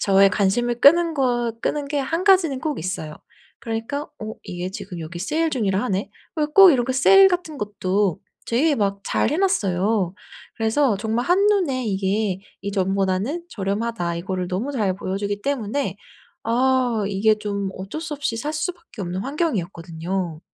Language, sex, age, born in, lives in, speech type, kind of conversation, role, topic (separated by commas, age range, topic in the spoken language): Korean, female, 30-34, South Korea, United States, conversation, advice, user, 일상에서 충동구매를 빠르게 알아차리고 효과적으로 막는 방법은 무엇일까요?